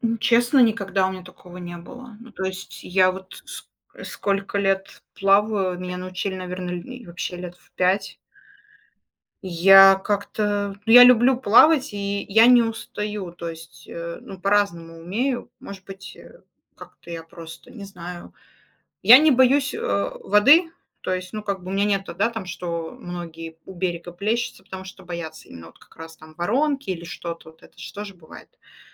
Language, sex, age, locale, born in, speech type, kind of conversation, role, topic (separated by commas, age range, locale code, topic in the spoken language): Russian, female, 35-39, ru-RU, Russia, conversation, unstructured, none, Как природа влияет на ваше настроение?